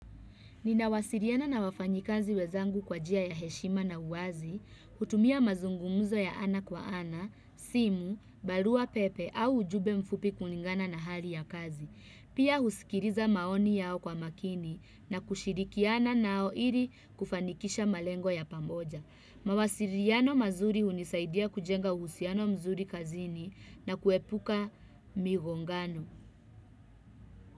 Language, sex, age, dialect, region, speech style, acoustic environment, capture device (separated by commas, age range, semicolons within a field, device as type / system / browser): Swahili, female, 25-34, Kenya - Nairobi Sheng-influenced, Kenya, happy, people talking, desktop / Windows / Chrome